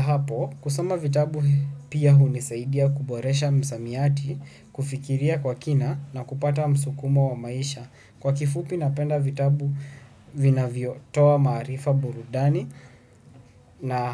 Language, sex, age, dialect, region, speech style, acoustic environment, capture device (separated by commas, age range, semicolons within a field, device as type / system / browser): Swahili, male, 18-24, Kenya - Nairobi Sheng-influenced, Kenya, tired, silence, desktop / Windows / Chrome